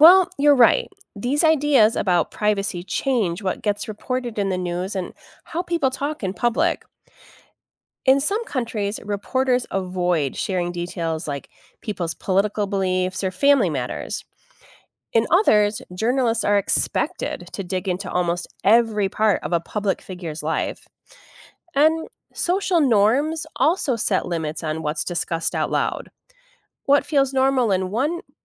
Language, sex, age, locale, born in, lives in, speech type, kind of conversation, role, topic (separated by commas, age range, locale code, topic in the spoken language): English, female, 45-49, en-US, United States, United States, read, expert-assistant, expert, How do various societies approach the concept of privacy and transparency?